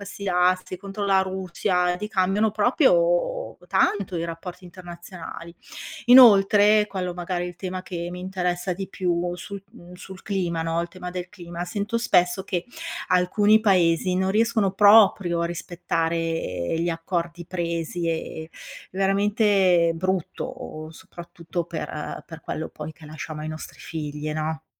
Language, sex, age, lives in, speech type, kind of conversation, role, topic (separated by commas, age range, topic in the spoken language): Italian, female, 55-59, Italy, read, expert-assistant, asker, Quali sono le principali sfide della cooperazione internazionale?